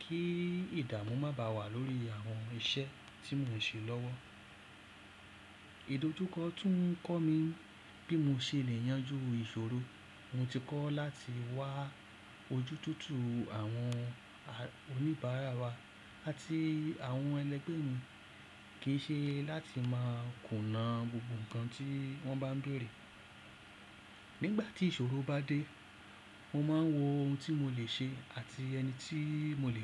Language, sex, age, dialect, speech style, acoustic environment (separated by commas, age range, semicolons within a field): Yoruba, male, 35-44, Nigeria - Lagos Yoruba, happy; excited; relaxed; focused, silence